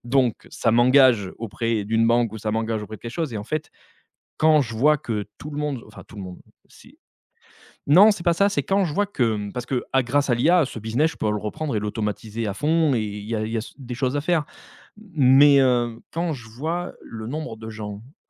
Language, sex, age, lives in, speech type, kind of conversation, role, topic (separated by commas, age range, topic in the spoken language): French, male, 35-39, France, conversation, podcast, guest, Comment poser tes limites sans froisser l’autre ?